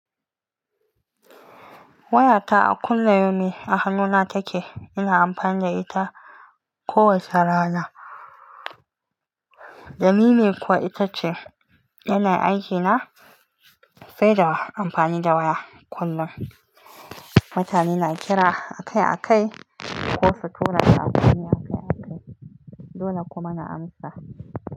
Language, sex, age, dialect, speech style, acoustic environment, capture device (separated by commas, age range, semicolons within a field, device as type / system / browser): Hausa, female, 25-34, Nigeria - Nigerian Standard, relaxed, silence, mobile / Linux / Chrome